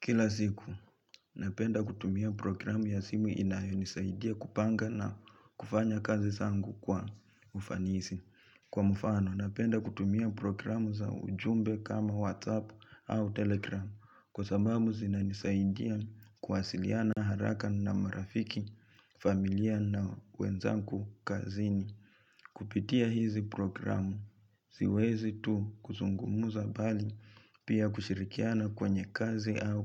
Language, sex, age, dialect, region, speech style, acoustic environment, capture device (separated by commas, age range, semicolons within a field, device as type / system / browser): Swahili, male, 25-34, Kenya - Nairobi Sheng-influenced, Kenya, relaxed, nature; silence, mobile / Linux / Chrome